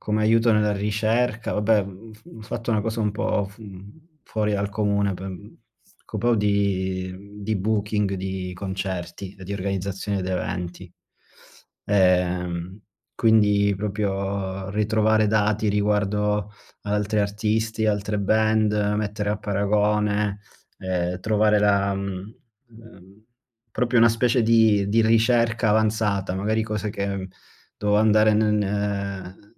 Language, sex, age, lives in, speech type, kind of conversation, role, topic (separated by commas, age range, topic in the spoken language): Italian, male, 30-34, Germany, conversation, unstructured, none, Come pensi che la tecnologia abbia cambiato la scuola?